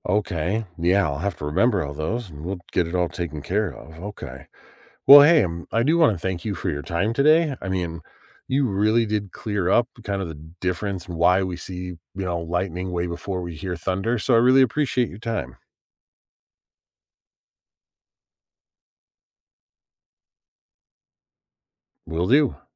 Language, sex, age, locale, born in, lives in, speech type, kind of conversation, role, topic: English, male, 40-44, en-US, United States, United States, read, expert-assistant, asker, Why do we see lightning before thunder?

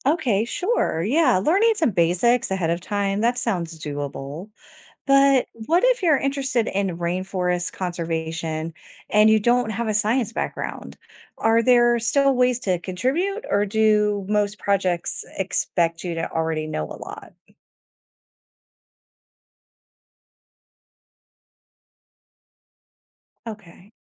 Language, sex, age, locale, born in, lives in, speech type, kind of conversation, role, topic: English, female, 45-49, en-US, United States, United States, read, expert-assistant, asker, How can travel destinations support personal growth?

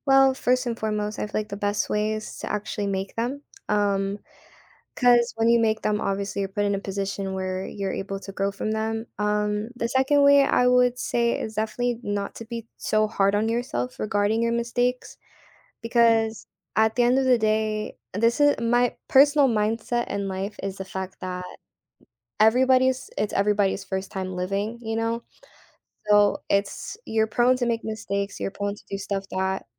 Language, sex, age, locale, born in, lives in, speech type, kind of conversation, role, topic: English, female, 18-19, en-US, United States, United States, conversation, podcast, guest, How can we turn our mistakes into opportunities for growth?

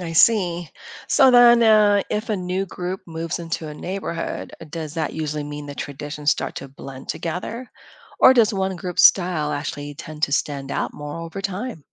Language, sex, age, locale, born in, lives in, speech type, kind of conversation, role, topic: English, female, 50-54, en-US, Philippines, United States, read, expert-assistant, asker, Why do city neighborhoods develop unique identities?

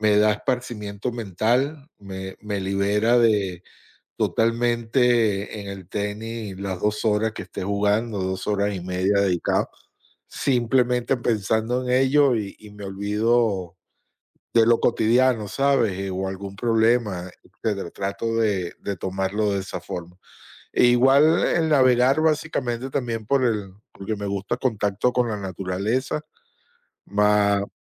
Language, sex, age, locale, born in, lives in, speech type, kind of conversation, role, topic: Spanish, male, 20-24, es-VE, United States, United States, conversation, unstructured, none, ¿Qué tan importante es para ti tener un pasatiempo en tu vida diaria?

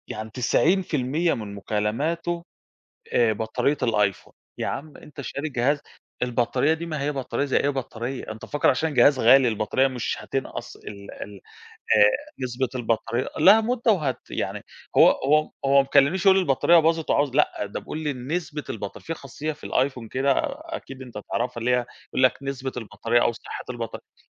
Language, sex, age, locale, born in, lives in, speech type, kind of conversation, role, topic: Arabic, male, 30-34, ar-EG, Egypt, Greece, conversation, unstructured, none, إزاي تتعامل مع الديون بطريقة صح؟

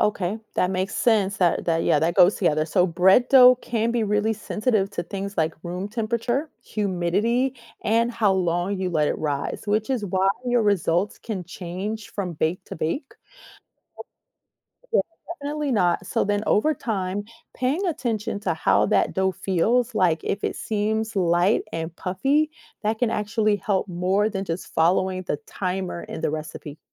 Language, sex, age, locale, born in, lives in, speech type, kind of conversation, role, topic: English, female, 45-49, en-US, United States, United States, read, expert-assistant, expert, Why does bread rise when you bake it?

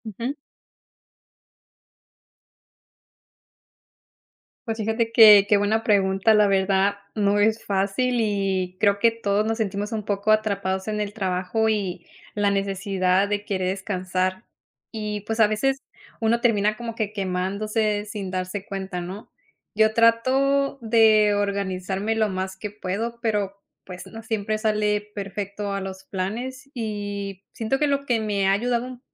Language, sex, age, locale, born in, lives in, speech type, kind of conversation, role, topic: Spanish, female, 30-34, es-MX, Mexico, United States, conversation, podcast, guest, ¿Cómo equilibras el trabajo y el descanso sin agotarte?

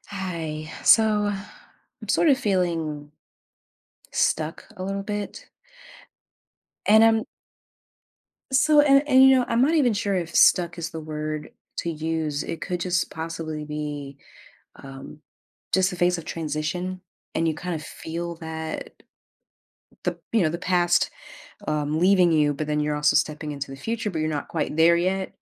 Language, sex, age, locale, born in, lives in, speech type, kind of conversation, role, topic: English, female, 30-34, en-US, United States, United States, conversation, advice, user, How can I get unstuck in my life?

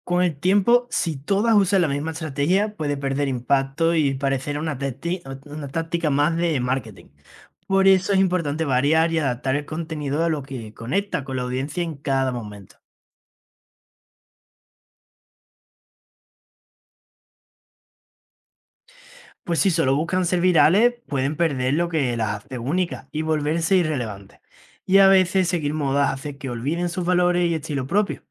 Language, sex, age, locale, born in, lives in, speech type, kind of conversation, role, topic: Spanish, male, 20-24, es-ES, Spain, Spain, read, expert-assistant, expert, ¿Qué significa realmente cuando decimos que algo es 'viral' en internet?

